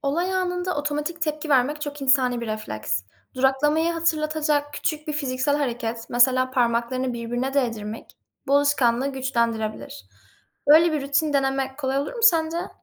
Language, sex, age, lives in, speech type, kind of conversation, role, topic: Turkish, female, 20-24, Netherlands, read, expert-assistant, expert, Tartışma anında duyguları ve iletişimi sağlıklı yönetmek mümkün mü?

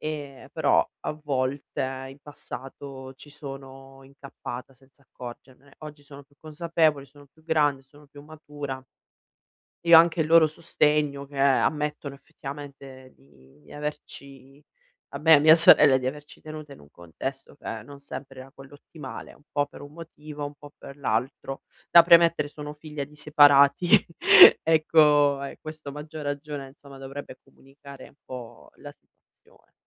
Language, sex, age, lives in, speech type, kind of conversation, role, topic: Italian, female, 35-39, Italy, conversation, advice, user, Come posso comunicare con la mia famiglia senza ripetere i vecchi schemi familiari?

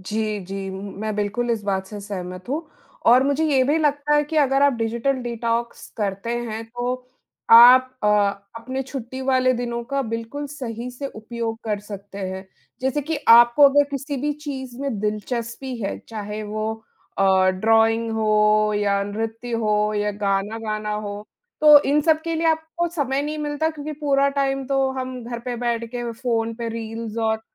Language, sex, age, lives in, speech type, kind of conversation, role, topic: Hindi, female, 35-39, India, conversation, unstructured, none, आप अपने जीवन में डिजिटल डिटॉक्स को कैसे शामिल करते हैं?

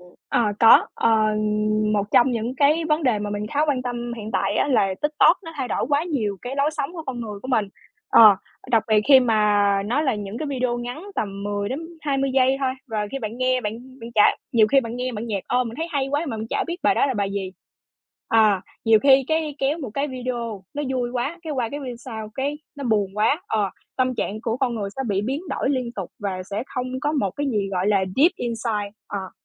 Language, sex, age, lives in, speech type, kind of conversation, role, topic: Vietnamese, female, 20-24, Vietnam, conversation, podcast, guest, Theo bạn, âm nhạc thay đổi tâm trạng của chúng ta như thế nào?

